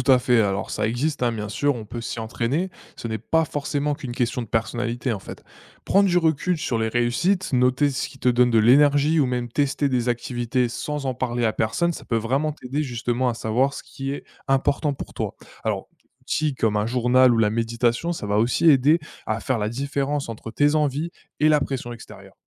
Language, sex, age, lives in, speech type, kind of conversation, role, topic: French, male, 20-24, France, read, expert-assistant, expert, Comment savoir si je choisis une carrière parce que ça me plaît ou parce que c’est valorisé autour de moi ?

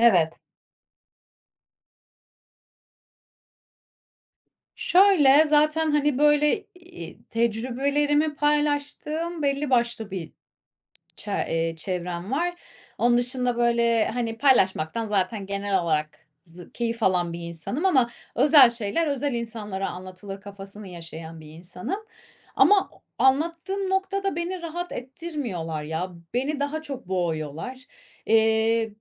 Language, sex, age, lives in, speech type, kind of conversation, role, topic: Turkish, female, 30-34, Spain, conversation, advice, user, Hatalarımdan utanmadan nasıl öğrenip onları kabul edebilirim ve başkalarının yargılarından nasıl daha az etkilenebilirim?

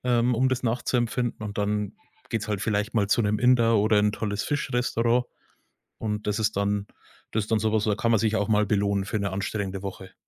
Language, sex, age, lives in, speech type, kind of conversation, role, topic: German, male, 30-34, Germany, conversation, podcast, guest, Wie läuft bei euch das gemeinsame Kochen ab?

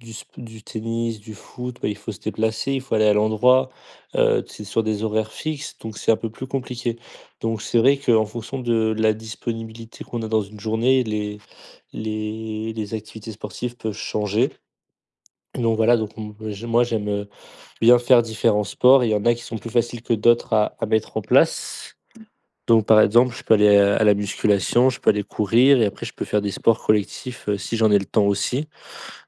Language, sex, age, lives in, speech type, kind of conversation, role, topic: French, male, 20-24, France, conversation, podcast, guest, Comment trouves-tu l’équilibre entre le travail et ta vie personnelle au quotidien ?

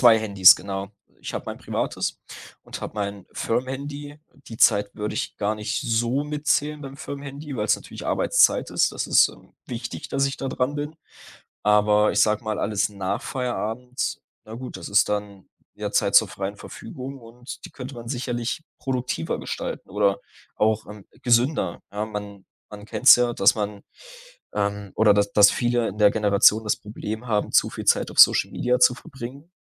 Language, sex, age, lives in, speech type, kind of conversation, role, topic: German, male, 20-24, Germany, conversation, advice, user, Wie möchtest du deine Bildschirmzeit nach Feierabend deutlich reduzieren?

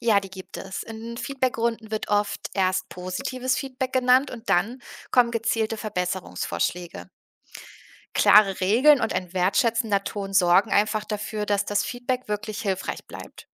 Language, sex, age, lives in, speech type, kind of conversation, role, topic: German, female, 30-34, Germany, read, expert-assistant, expert, Kennst du Plattformen, auf denen man digitale Kunst nicht nur sehen, sondern auch mit anderen diskutieren kann?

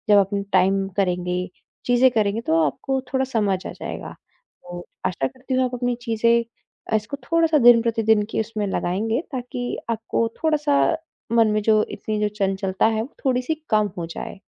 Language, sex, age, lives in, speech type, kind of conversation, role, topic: Hindi, female, 25-29, India, conversation, advice, advisor, मैं अपना ध्यान लंबे समय तक कैसे बनाए रखूँ?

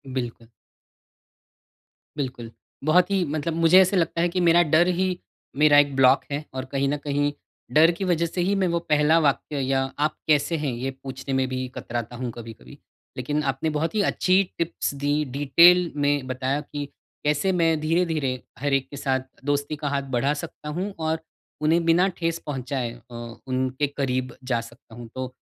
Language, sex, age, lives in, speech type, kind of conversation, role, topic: Hindi, male, 40-44, India, conversation, advice, user, दोस्त बनाने और रिश्ते निभाने में मुझे कठिनाई क्यों होती है?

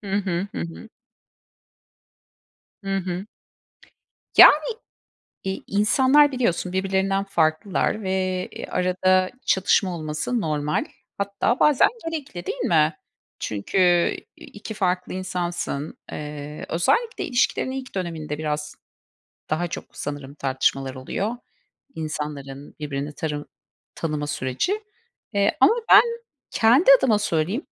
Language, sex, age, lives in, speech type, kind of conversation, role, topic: Turkish, female, 45-49, United States, conversation, unstructured, none, Romantik bir ilişkide sürekli tartışmak normal mi?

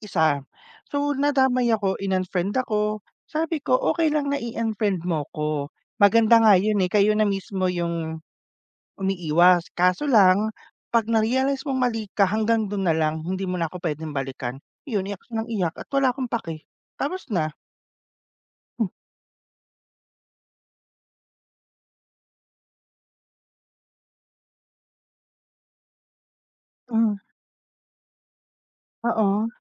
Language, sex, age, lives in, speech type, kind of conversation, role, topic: Filipino, male, 30-34, Philippines, conversation, unstructured, none, Paano mo nilulutas ang hindi pagkakaunawaan ninyo ng kaibigan mo?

